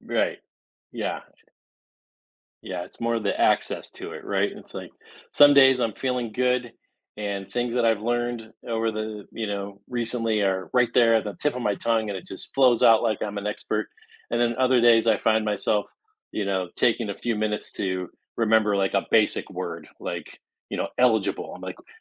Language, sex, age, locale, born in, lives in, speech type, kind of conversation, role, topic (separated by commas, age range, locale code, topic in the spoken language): English, male, 50-54, en-US, United States, United States, conversation, podcast, host, In what ways does learning with others shape our understanding compared to learning on our own?